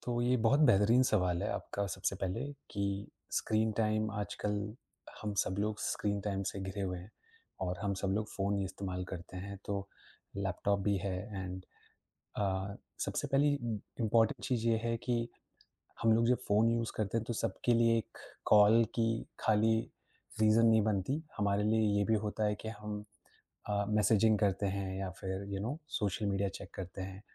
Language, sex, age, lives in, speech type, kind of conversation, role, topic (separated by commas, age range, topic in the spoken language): Hindi, male, 25-29, India, conversation, podcast, guest, आप अपने स्क्रीन समय को नियंत्रित करने के लिए कौन-सा तरीका अपनाते हैं?